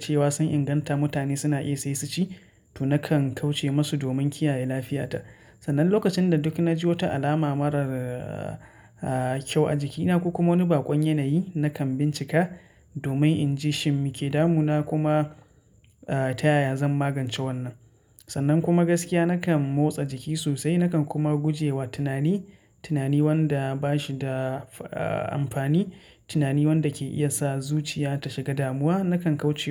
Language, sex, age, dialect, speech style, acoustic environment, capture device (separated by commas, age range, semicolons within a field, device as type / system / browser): Hausa, male, 25-34, Nigeria - Katsina, relaxed, nature; silence, mobile / Linux / Chrome